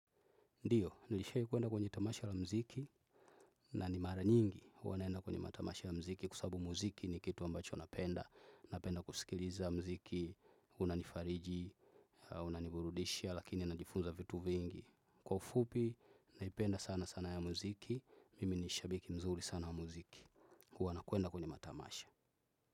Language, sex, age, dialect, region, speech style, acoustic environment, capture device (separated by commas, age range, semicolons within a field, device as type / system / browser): Swahili, male, 25-34, Tanzania - Dar es Salaam, Tanzania, focused, nature, desktop / Windows / Chrome